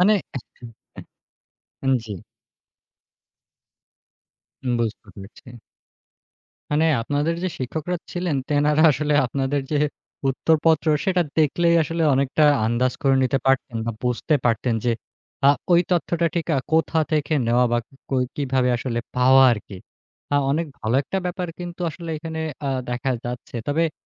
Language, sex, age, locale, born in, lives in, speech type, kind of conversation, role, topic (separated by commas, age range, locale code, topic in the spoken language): Bengali, male, 25-29, bn-BD, Bangladesh, Bangladesh, conversation, podcast, host, টেকনোলজি কীভাবে আপনার শেখার ধরন বদলে দেয়?